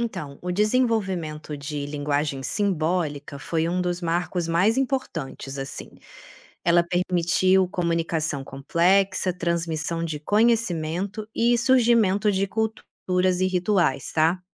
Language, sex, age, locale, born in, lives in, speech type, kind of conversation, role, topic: Portuguese, female, 35-39, pt-BR, Brazil, Italy, read, expert-assistant, expert, O mito de que 'o ser humano veio do macaco' é correto? Qual é a explicação científica real sobre nossa ancestralidade?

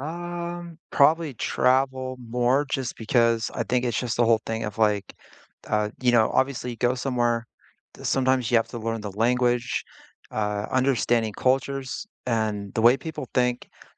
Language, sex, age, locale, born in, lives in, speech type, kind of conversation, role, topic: English, male, 40-44, en-US, United States, United States, conversation, unstructured, none, What out-of-school hobbies have taught you the most?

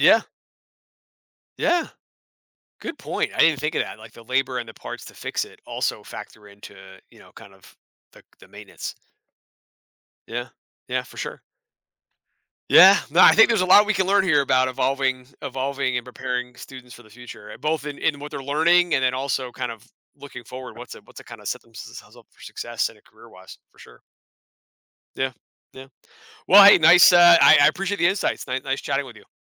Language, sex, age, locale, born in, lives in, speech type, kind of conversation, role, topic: English, male, 40-44, en-US, United States, United States, conversation, podcast, host, What changes could help schools equip students for a rapidly changing world?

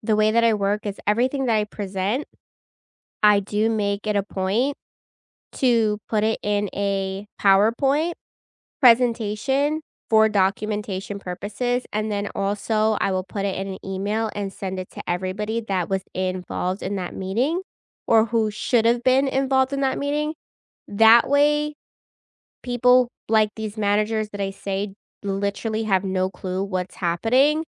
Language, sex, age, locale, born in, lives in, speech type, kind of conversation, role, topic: English, female, 30-34, en-US, United States, United States, conversation, unstructured, none, What is the biggest frustration you have had in a job?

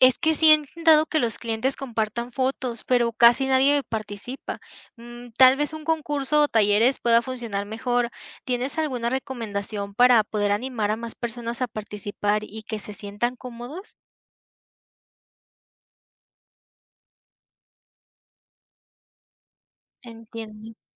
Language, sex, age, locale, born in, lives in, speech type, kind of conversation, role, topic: Spanish, female, 20-24, es-MX, Mexico, Mexico, read, expert-assistant, asker, ¿Qué métodos usan los expertos en relaciones públicas para conectar mejor con el público hoy en día?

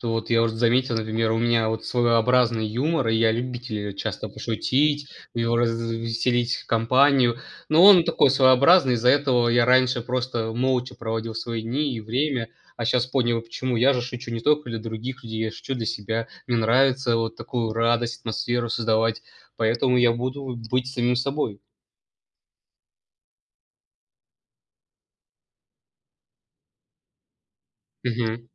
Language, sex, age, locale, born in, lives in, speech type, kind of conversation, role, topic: Russian, male, 20-24, ru-RU, Russia, Estonia, conversation, unstructured, none, Что для тебя значит быть самим собой?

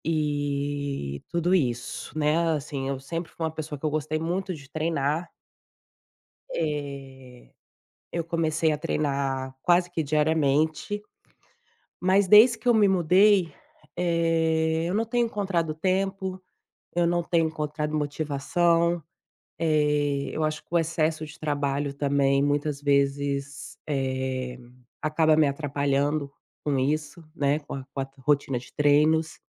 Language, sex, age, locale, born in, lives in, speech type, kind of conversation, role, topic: Portuguese, female, 35-39, pt-BR, Brazil, Spain, conversation, advice, user, Como posso começar a recuperar a motivação para manter hábitos saudáveis e cuidar de mim?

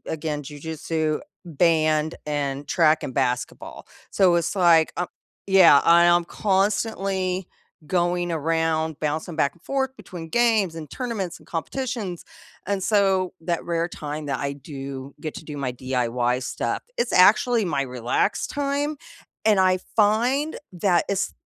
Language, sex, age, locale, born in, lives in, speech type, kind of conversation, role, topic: English, female, 45-49, en-US, United States, United States, conversation, unstructured, none, How do your weekend DIY projects and family meals bring everyone together?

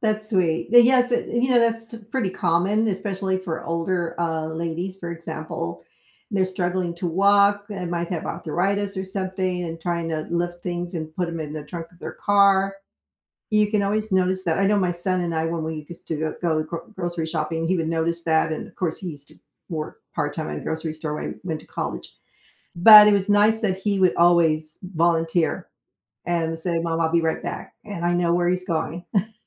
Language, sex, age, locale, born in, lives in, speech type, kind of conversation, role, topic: English, female, 65-69, en-US, United States, United States, conversation, unstructured, none, What would you do if you had the chance to be invisible for a day?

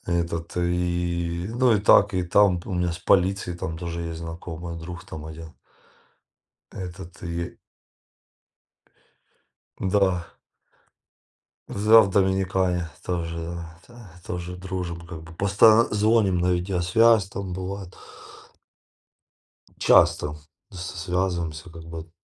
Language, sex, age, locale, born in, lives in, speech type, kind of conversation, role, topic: Russian, male, 40-44, ru-RU, Ukraine, Spain, conversation, unstructured, none, Как путешествия помогают нам справляться с тревогой и стрессом?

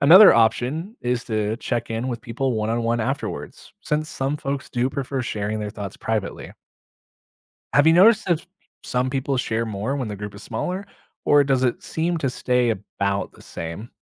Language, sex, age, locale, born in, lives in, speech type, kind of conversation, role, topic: English, male, 30-34, en-US, United States, United States, read, expert-assistant, expert, What are the advantages of developing problem-solving skills?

